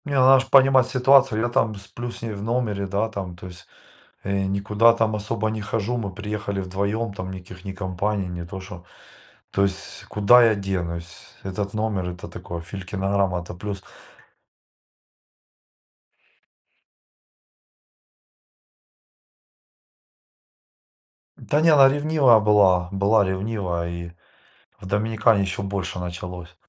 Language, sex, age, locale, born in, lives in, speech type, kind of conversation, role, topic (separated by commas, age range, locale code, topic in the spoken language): Russian, male, 40-44, ru-RU, Ukraine, Spain, conversation, unstructured, none, Какое у вас самое яркое воспоминание о встрече с дикой природой?